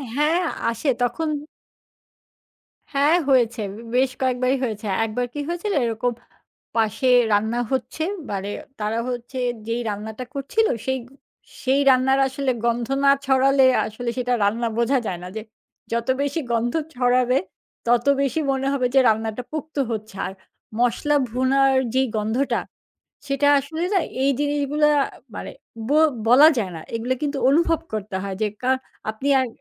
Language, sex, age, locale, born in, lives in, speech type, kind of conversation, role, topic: Bengali, female, 40-44, bn-BD, Bangladesh, Finland, conversation, podcast, guest, কোনো গন্ধ শুঁকলেই কি তোমার বাড়ির কথা মনে পড়ে?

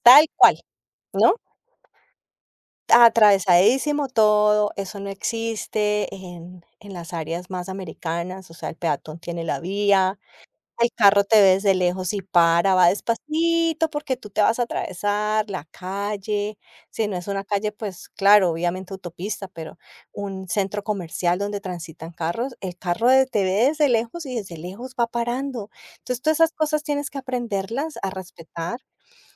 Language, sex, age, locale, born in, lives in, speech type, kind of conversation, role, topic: Spanish, female, 50-54, es-CO, Colombia, United States, conversation, podcast, guest, ¿Cómo fue tu experiencia al mudarte a otro país?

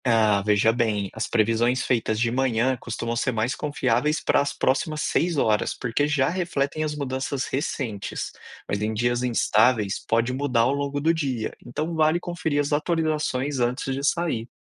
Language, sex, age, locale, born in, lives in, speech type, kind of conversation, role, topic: Portuguese, male, 30-34, pt-BR, Brazil, Spain, read, expert-assistant, expert, Como saber se as previsões do tempo que vejo na TV ou no celular são realmente confiáveis?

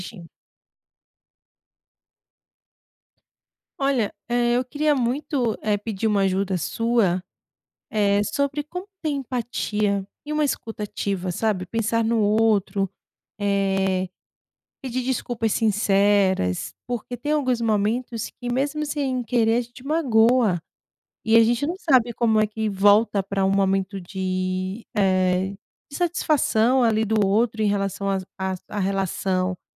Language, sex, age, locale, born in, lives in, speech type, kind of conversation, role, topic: Portuguese, female, 35-39, pt-BR, Brazil, Portugal, conversation, advice, user, Como posso pedir desculpas de forma sincera e demonstrar empatia quando magoei alguém?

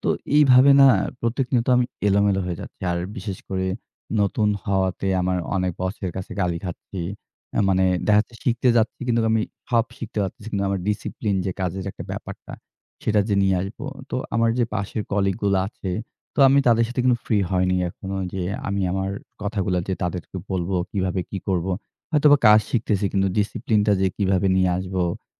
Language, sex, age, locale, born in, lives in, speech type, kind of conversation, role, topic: Bengali, male, 25-29, bn-BD, Bangladesh, Bangladesh, conversation, advice, user, রুটিন না থাকলে আপনার দিনটা সাধারণত কীভাবে কাটে?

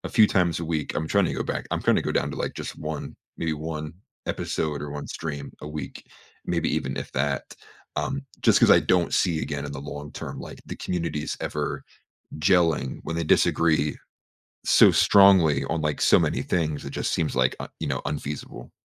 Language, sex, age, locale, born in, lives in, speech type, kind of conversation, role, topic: English, male, 25-29, en-US, United States, United States, conversation, advice, user, How do I resolve a disagreement with a close friend?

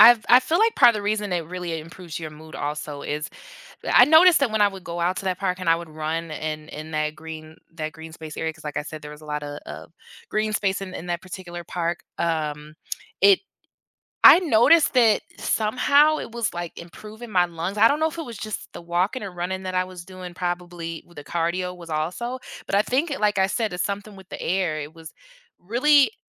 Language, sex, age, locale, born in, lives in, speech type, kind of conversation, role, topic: English, female, 30-34, en-US, United States, United States, conversation, unstructured, none, How do parks and green spaces improve a community?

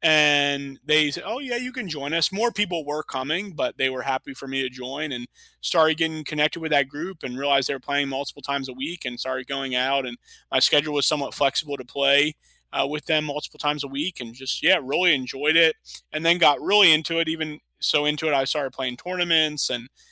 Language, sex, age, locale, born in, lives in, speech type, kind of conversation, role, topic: English, male, 30-34, en-US, United States, United States, conversation, unstructured, none, What fun, social activities do you do to stay active outside the gym, and how do they help you connect with others?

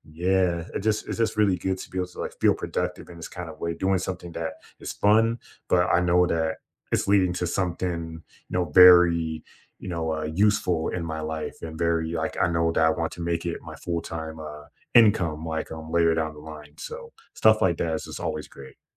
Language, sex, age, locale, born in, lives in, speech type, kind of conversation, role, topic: English, male, 25-29, en-US, United States, United States, conversation, unstructured, none, What hobby makes you feel proud of yourself?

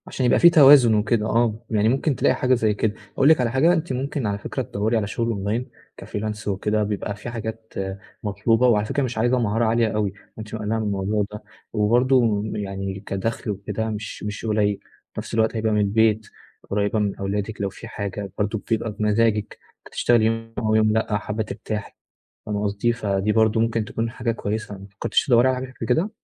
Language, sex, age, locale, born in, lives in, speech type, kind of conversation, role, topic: Arabic, male, 20-24, ar-EG, Egypt, Egypt, conversation, advice, advisor, إزاي ألاقي وقت أرتاح وسط الشغل ومسؤوليات اليوم؟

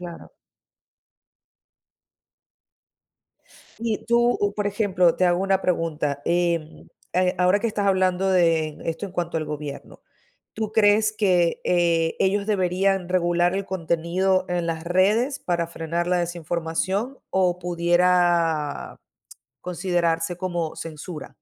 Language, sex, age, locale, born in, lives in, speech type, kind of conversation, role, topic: Spanish, female, 30-34, es-VE, Venezuela, Italy, conversation, unstructured, none, ¿Cómo crees que la desinformación afecta a nuestra sociedad?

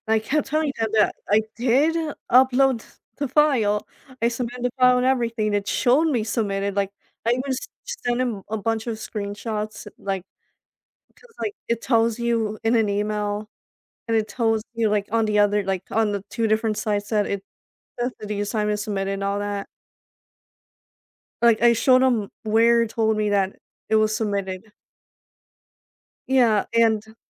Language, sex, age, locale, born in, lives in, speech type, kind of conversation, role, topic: English, female, 25-29, en-US, United States, United States, conversation, advice, user, How should I handle being unfairly blamed for a mistake?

